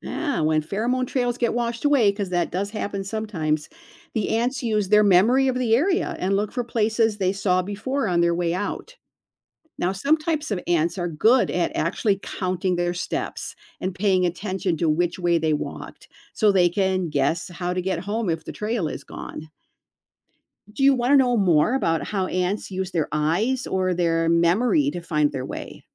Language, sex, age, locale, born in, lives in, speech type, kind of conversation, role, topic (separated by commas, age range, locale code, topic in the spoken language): English, female, 65-69, en-US, United States, United States, read, expert-assistant, expert, How do ants find their way back to their nest?